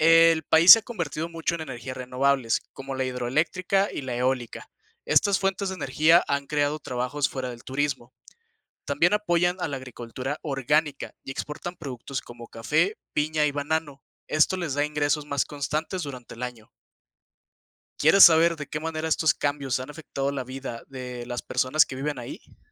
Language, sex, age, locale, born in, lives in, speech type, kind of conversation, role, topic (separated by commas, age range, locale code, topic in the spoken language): Spanish, male, 20-24, es-MX, Mexico, Mexico, read, expert-assistant, expert, Describe el impacto del turismo en la economía de una región.